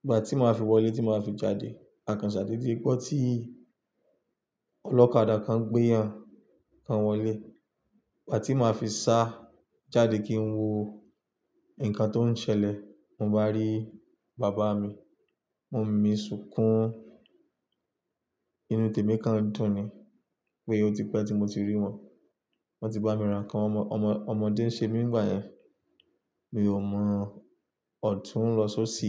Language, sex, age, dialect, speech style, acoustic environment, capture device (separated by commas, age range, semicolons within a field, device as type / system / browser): Yoruba, male, 25-34, Nigeria - Lagos Yoruba, relaxed; stressed; focused, nature; silence, desktop / Linux / Chrome